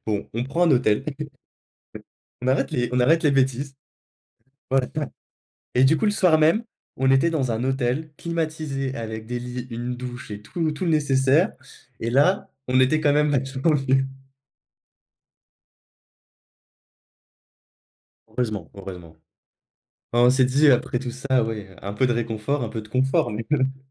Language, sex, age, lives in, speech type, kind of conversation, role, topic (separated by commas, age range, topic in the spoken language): French, male, 18-19, France, conversation, podcast, guest, Quelle galère de voyage te fait encore rire aujourd’hui ?